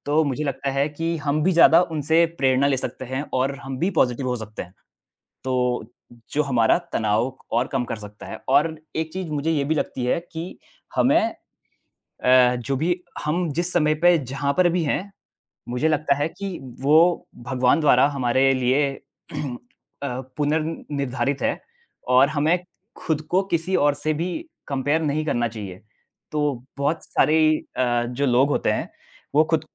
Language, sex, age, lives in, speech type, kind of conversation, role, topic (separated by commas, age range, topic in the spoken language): Hindi, male, 20-24, India, conversation, unstructured, none, आप तनाव को कम करने के लिए क्या-क्या करते हैं?